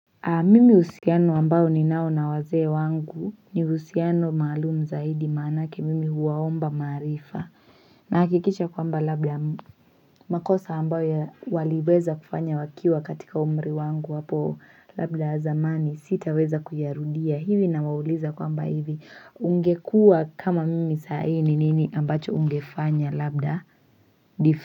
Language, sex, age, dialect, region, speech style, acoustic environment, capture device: Swahili, female, 18-24, Kenya - Mombasa, Kenya, relaxed; focused, silence, mobile / Linux / Chrome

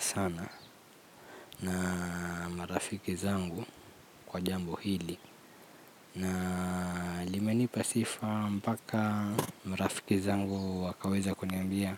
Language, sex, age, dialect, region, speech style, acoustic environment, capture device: Swahili, male, 25-34, Kenya - Nairobi Sheng-influenced, Kenya, relaxed; anxious, nature, mobile / Linux / Chrome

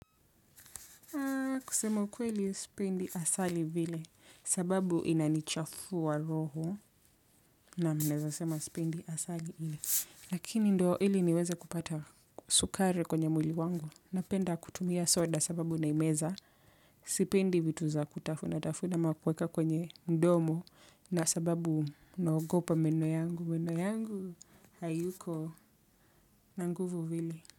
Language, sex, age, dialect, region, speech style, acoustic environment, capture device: Swahili, female, 25-34, Kenya - Nairobi Sheng-influenced, Kenya, focused; relaxed, silence, desktop / Windows / Chrome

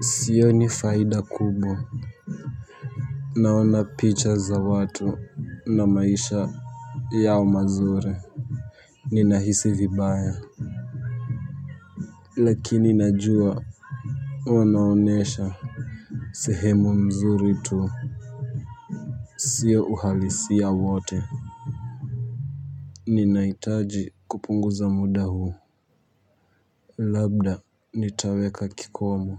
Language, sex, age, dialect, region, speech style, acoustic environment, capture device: Swahili, male, 18-24, Kenya - Nairobi Sheng-influenced, Kenya, relaxed; happy, silence, mobile / Linux / Chrome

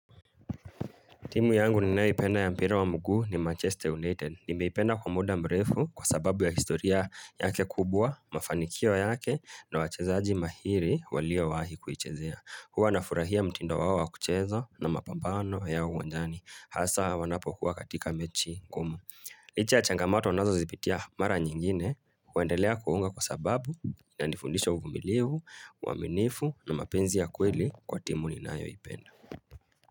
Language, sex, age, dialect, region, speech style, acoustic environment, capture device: Swahili, male, 25-34, Kenya - Nairobi Sheng-influenced, Kenya, relaxed; focused, nature; appliances, mobile / Linux / Chrome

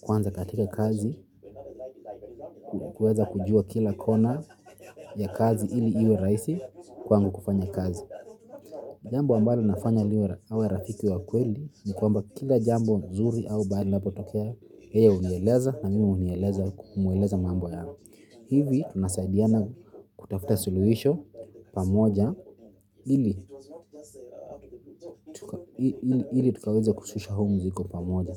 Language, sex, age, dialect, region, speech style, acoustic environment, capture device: Swahili, male, 25-34, Kenya - Nairobi Sheng-influenced, Kenya, happy, nature; silence, mobile / Linux / Chrome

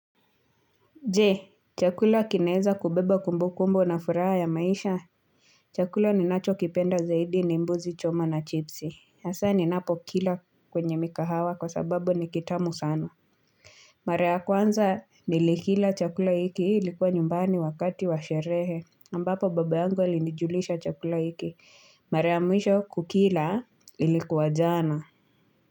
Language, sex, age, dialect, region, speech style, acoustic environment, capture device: Swahili, female, 25-34, Kenya - Nairobi Sheng-influenced, Kenya, relaxed, silence, mobile / Linux / Chrome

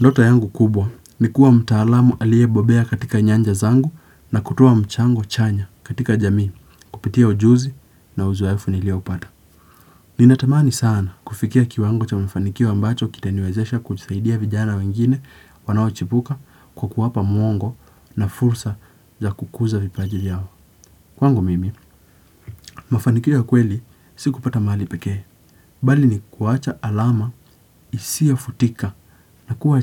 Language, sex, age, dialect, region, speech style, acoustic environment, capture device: Swahili, male, 18-24, Kenya - Nairobi Sheng-influenced, Kenya, relaxed; focused, nature; silence; appliances, desktop / Windows / Chrome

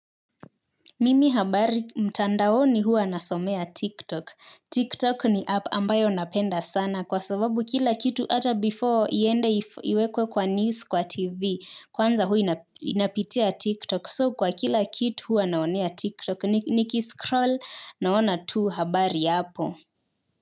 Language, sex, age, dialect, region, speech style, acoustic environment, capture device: Swahili, female, 18-24, Kenya - Nairobi Sheng-influenced, Kenya, focused, silence, mobile / Linux / Chrome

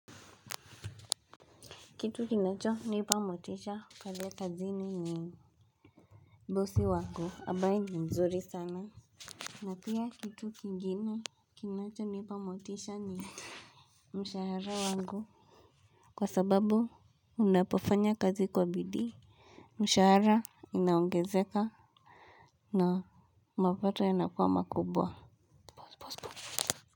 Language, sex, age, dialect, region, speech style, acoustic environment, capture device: Swahili, male, 18-24, Kenya - Nairobi Sheng-influenced, Kenya, focused, nature, mobile / Linux / Chrome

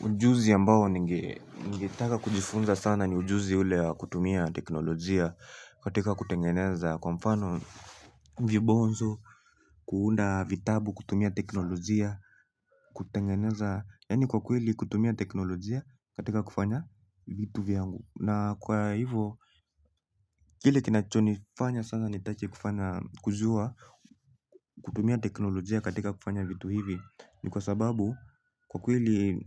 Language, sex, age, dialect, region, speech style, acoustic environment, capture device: Swahili, male, 18-24, Kenya - Nairobi Sheng-influenced, Kenya, relaxed, people talking; music, mobile / Linux / Chrome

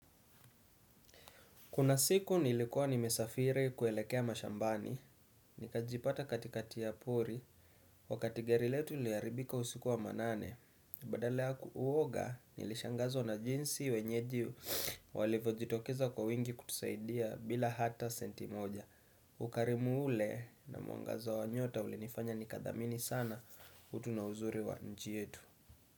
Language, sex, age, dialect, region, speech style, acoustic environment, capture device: Swahili, male, 25-34, Kenya - Nairobi Sheng-influenced, Kenya, relaxed, silence, desktop / Windows / Chrome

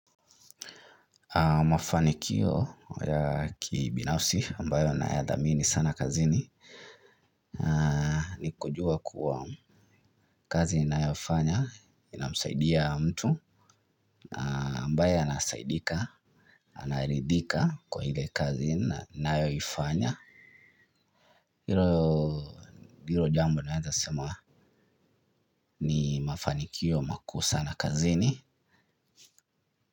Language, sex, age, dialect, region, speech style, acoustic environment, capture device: Swahili, male, 25-34, Kenya - Nairobi Sheng-influenced, Kenya, relaxed, silence, mobile / Linux / Chrome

